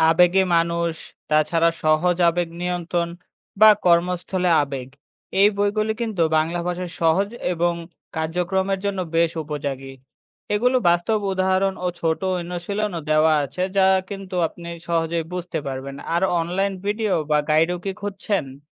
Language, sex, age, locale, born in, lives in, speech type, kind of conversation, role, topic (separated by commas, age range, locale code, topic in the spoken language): Bengali, male, 25-29, bn-BD, Bangladesh, Bangladesh, read, expert-assistant, expert, আবেগ-জ্ঞান বাড়াতে সবচেয়ে কাজে লাগে এমন বই বা লেখক কারা, আর সেগুলো কোথায় পাওয়া যেতে পারে?